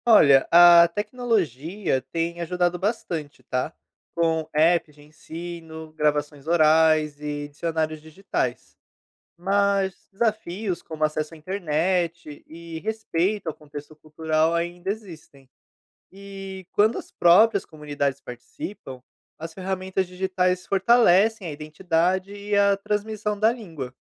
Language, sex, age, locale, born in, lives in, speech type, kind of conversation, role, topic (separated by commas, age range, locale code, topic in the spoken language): Portuguese, male, 25-29, pt-BR, Brazil, Portugal, read, expert-assistant, expert, É mito ou verdade que a tecnologia está ajudando a preservar línguas ameaçadas de extinção?